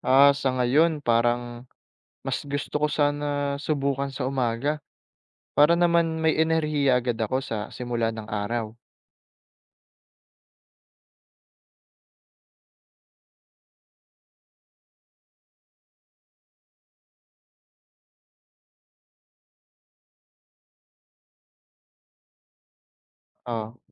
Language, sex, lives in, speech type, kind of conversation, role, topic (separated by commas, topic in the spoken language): Filipino, male, Philippines, read, expert-assistant, asker, Ano ang epekto ng hindi pagkakaroon ng sapat na exercise sa katawan?